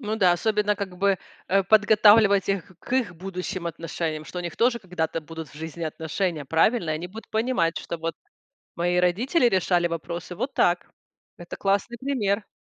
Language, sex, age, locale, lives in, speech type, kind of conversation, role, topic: Russian, female, 40-44, ru-RU, United States, conversation, podcast, host, Как вести себя во время ссоры при детях?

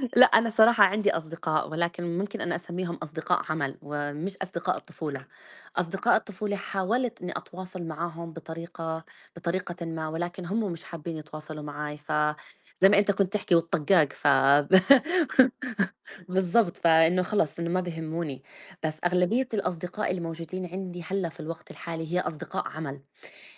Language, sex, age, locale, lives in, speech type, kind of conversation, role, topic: Arabic, female, 35-39, ar-JO, United States, conversation, unstructured, none, لو تقدر تمسح ذكرى واحدة من الماضي، هتختار إيه وليه؟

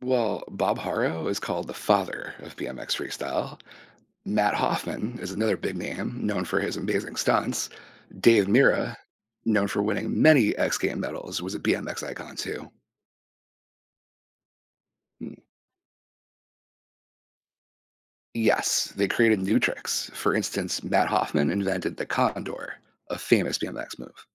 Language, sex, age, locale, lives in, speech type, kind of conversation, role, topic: English, male, 40-44, en-US, United States, read, expert-assistant, expert, The history of BMX biking and its evolution.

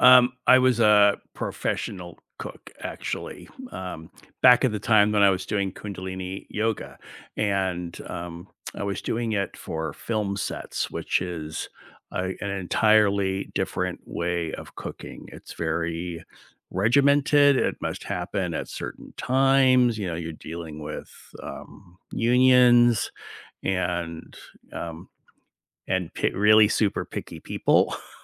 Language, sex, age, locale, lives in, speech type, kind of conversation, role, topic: English, male, 60-64, en-US, United States, conversation, unstructured, none, What small morning rituals brighten your day and set the tone?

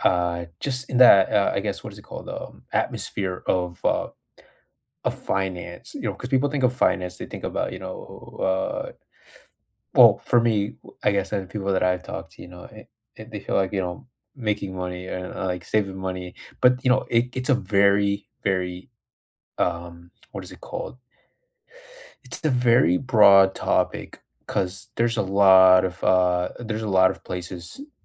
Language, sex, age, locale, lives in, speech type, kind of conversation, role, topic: English, male, 25-29, en-US, United States, conversation, unstructured, none, Which real-life skill do you wish schools had taught everyone, and why?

